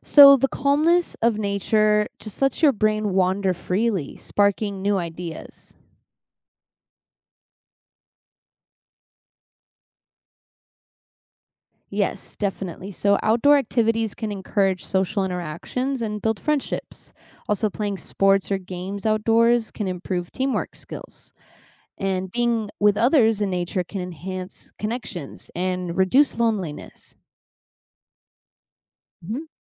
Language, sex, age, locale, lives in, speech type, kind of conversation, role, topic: English, female, 25-29, en-US, United States, read, expert-assistant, expert, What are the health benefits of spending time outdoors?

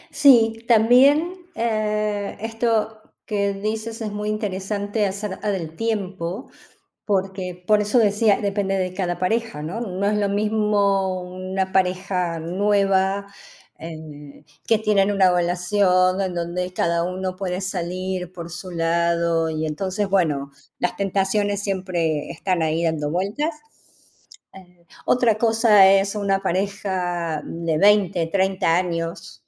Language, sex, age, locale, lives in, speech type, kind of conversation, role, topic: Spanish, female, 55-59, es-AR, Netherlands, conversation, unstructured, none, ¿Se puede perdonar una infidelidad?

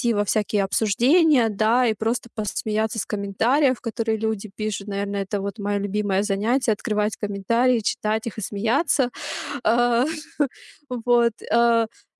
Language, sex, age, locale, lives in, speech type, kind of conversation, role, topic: Russian, female, 30-34, ru-RU, Germany, conversation, advice, user, Как отучиться пользоваться экраном перед сном и спокойно засыпать?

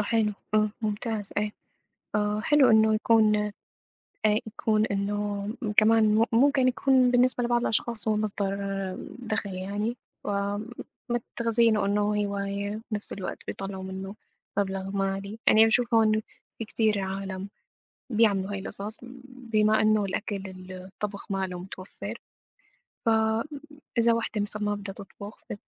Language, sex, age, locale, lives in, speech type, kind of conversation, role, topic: Arabic, female, 25-29, ar-SY, United States, conversation, unstructured, none, بتفضل تطبخ في البيت ولا تاكل من برّه؟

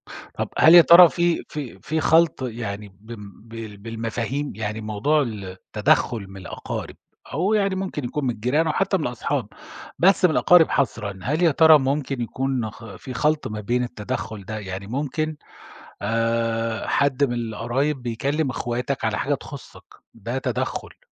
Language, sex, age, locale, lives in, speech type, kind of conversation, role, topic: Arabic, male, 50-54, ar-SA, Germany, conversation, podcast, host, إزاي بتتعاملوا مع تدخل الأقارب في شؤونكم؟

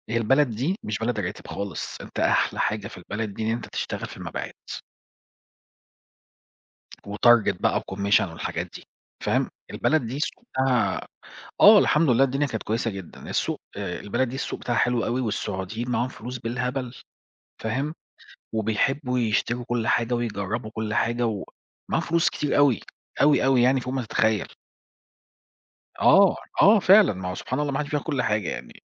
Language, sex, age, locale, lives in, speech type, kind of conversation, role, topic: Arabic, male, 40-44, ar-EG, Portugal, conversation, unstructured, none, إزاي بتتعامل مع الفشل وإنت بتسعى تحقق أهدافك؟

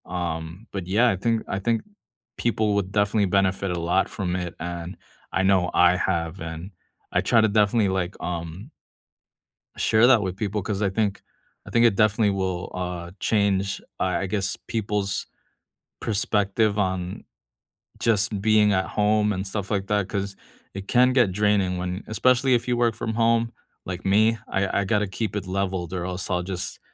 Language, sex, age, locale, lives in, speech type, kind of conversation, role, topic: English, male, 35-39, en-US, United States, conversation, unstructured, none, Which hobbies have you tried after discovering them on YouTube, TikTok, or podcasts, and what kept you going?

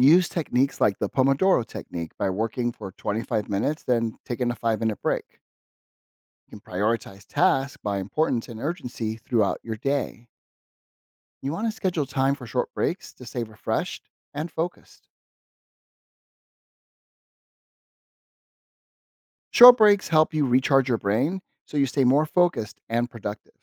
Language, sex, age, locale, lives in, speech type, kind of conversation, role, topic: English, male, 50-54, en-US, United States, read, expert-assistant, expert, How can time management skills be improved?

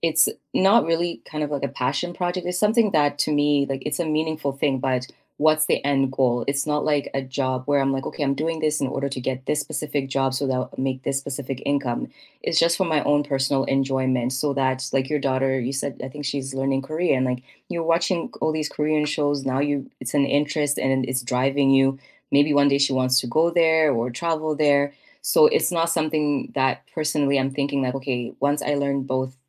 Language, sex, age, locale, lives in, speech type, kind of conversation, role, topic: English, female, 30-34, en-CA, Canada, conversation, unstructured, none, What are you working toward in your life right now, and what inspires you to pursue it?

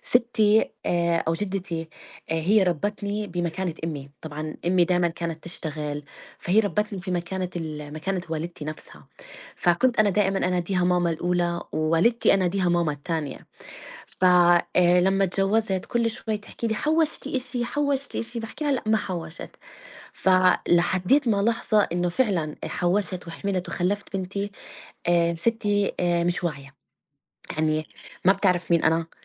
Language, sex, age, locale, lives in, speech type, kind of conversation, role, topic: Arabic, female, 35-39, ar-JO, United States, conversation, unstructured, none, لو تقدر تمسح ذكرى واحدة من الماضي، هتختار إيه وليه؟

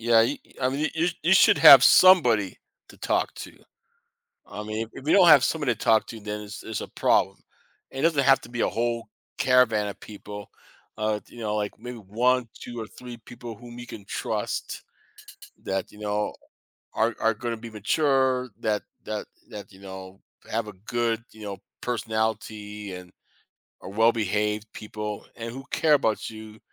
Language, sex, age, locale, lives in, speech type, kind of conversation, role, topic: English, male, 50-54, en-US, United States, conversation, podcast, host, How do you approach resolving conflicts in different areas of your life?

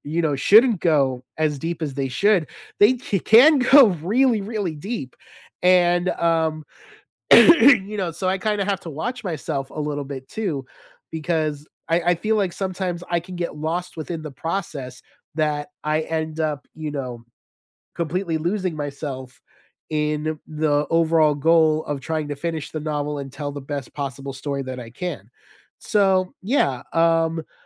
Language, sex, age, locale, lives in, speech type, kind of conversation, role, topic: English, male, 35-39, en-US, United States, conversation, unstructured, none, What hobby surprised you by how much you enjoyed it?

